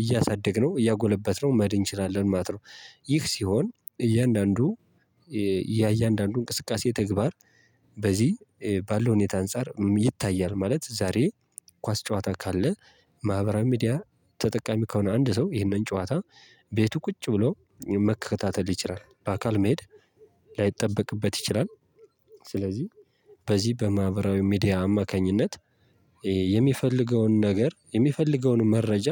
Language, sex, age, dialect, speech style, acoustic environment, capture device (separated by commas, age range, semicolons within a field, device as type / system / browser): Amharic, male, 18-24, Ethiopia - Gojjam, tired, nature, mobile / Linux / Chrome